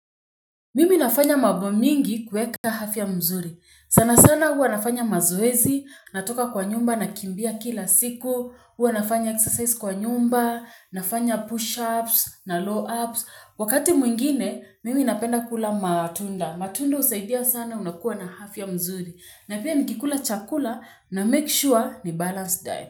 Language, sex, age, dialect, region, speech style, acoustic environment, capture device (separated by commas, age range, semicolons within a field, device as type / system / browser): Swahili, female, 25-34, Kenya - Nairobi Sheng-influenced, Kenya, nervous, people talking, mobile / Linux / Chrome